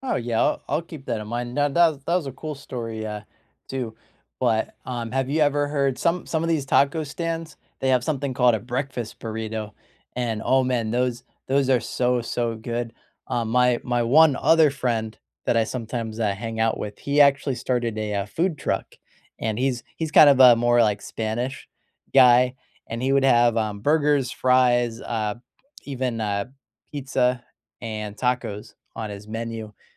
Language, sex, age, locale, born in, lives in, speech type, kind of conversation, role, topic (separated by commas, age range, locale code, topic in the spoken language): English, male, 25-29, en-US, United States, United States, conversation, unstructured, none, What street food do you crave, and where do you find it?